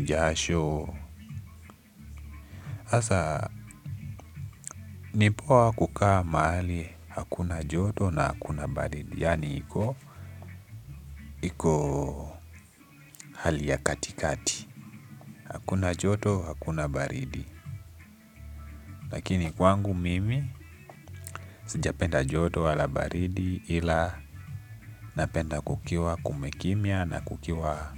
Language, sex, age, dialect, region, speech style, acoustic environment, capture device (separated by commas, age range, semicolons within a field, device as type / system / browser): Swahili, male, 18-24, Kenya - Nairobi Sheng-influenced, Kenya, relaxed, nature; music, desktop / Linux / Chrome